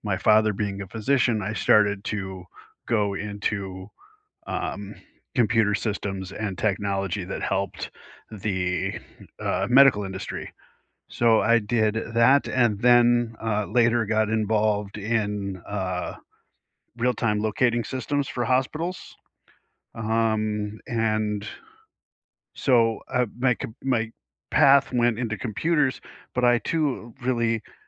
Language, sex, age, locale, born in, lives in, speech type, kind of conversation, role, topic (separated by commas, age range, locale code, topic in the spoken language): English, male, 50-54, en-US, United States, United States, conversation, unstructured, none, How did you choose your current career path?